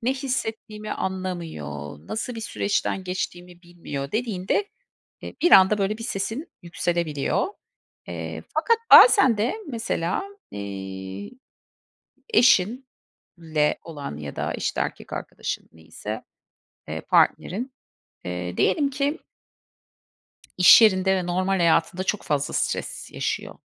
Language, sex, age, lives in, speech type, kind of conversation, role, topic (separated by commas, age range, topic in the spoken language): Turkish, female, 45-49, United States, conversation, unstructured, none, Romantik bir ilişkide sürekli tartışmak normal mi?